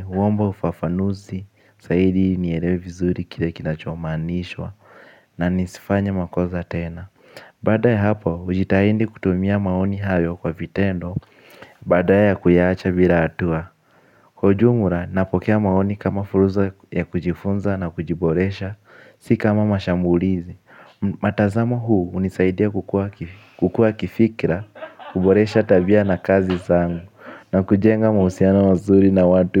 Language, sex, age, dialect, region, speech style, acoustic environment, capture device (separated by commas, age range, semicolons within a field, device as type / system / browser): Swahili, male, 18-24, Kenya - Nairobi Sheng-influenced, Kenya, focused; relaxed, nature, mobile / Linux / Chrome